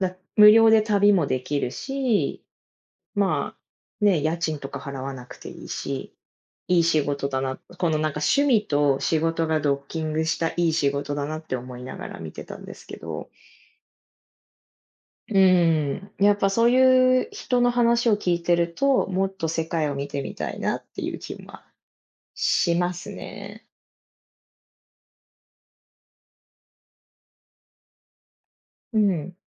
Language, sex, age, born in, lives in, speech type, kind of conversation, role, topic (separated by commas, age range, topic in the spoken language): Japanese, female, 30-34, Japan, United States, conversation, unstructured, none, 趣味を始めるとき、何を重視しますか？